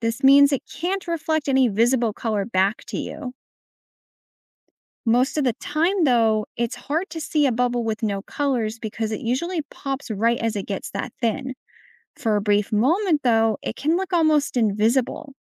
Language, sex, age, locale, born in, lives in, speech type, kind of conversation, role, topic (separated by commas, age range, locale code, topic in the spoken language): English, female, 25-29, en-US, United States, United States, read, expert-assistant, expert, How does a rainbow form in a soap bubble?